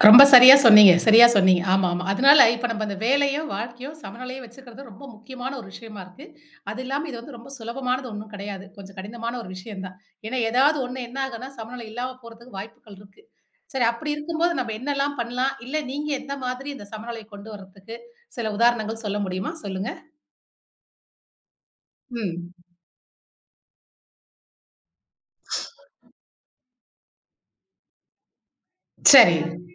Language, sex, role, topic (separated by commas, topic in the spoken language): Tamil, female, host, வேலை-வாழ்க்கை சமநிலையை நீங்கள் எப்படிக் காக்கிறீர்கள்?